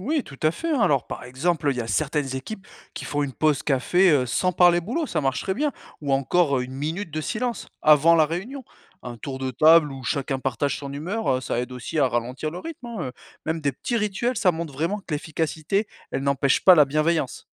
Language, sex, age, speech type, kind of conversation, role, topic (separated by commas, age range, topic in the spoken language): French, male, 35-39, read, expert-assistant, expert, Peut-on profiter du moment présent tout en cherchant à être plus efficace ?